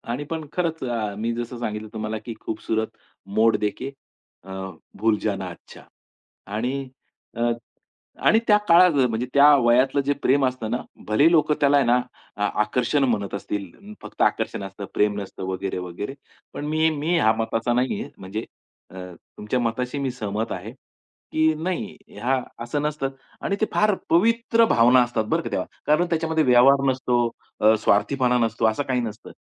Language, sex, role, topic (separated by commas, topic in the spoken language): Marathi, male, host, कोणत्या गाण्याने तुम्हाला खूप भावूक केले आहे?